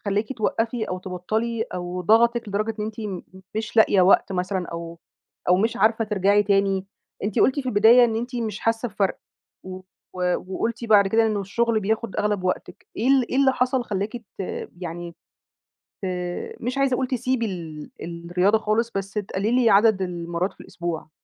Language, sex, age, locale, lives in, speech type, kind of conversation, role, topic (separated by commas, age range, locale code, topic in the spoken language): Arabic, female, 30-34, ar-EG, Egypt, conversation, advice, advisor, إزاي أقدر أحافظ على روتين تمرين أسبوعي من غير ما أقطع؟